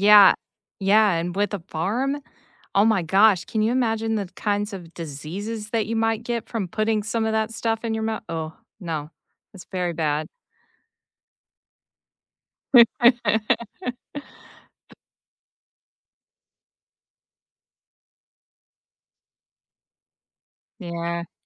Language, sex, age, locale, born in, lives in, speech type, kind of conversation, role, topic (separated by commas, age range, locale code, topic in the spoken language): English, female, 35-39, en-US, United States, United States, conversation, unstructured, none, How can disagreements sometimes lead to better understanding?